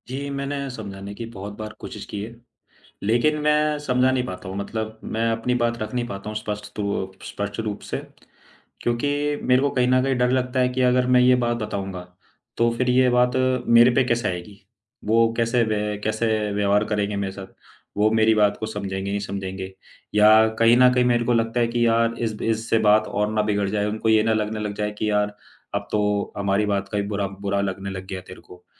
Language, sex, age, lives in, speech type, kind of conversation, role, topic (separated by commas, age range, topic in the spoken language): Hindi, male, 25-29, India, conversation, advice, user, मैं अपने ऊर्जा स्तर का सही आकलन करके उसे थकान और बर्नआउट से कैसे अलग करूँ?